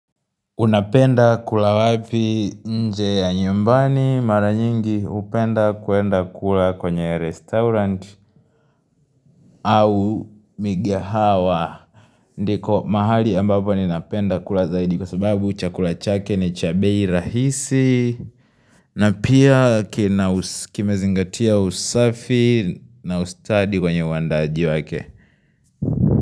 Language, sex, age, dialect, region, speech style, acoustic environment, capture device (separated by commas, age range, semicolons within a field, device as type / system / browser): Swahili, male, 18-24, Tanzania - Dar es Salaam, Tanzania, happy; relaxed, nature, mobile / Linux / Chrome